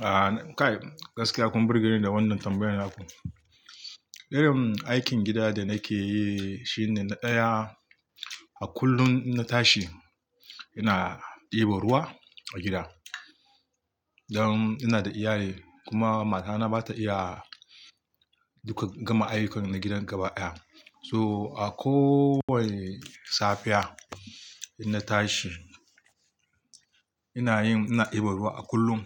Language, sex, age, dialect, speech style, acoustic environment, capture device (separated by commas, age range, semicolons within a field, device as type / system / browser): Hausa, male, 35-44, Nigeria - Kano, excited, nature, mobile / Linux / Chrome